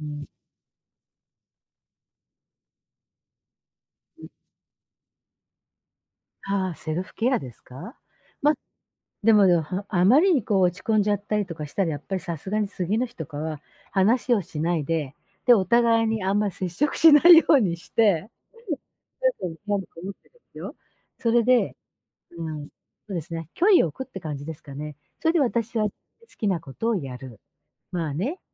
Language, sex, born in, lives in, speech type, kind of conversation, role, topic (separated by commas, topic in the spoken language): Japanese, female, Japan, Japan, conversation, advice, user, 家庭やパートナーと小さな衝突があっただけで大きく落ち込んでしまうのは、どうしてだと思いますか？